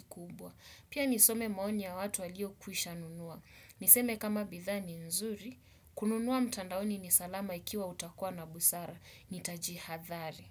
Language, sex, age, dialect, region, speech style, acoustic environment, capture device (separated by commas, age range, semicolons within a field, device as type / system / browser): Swahili, male, 25-34, Kenya - Mombasa, Kenya, focused, silence, desktop / Windows / Chrome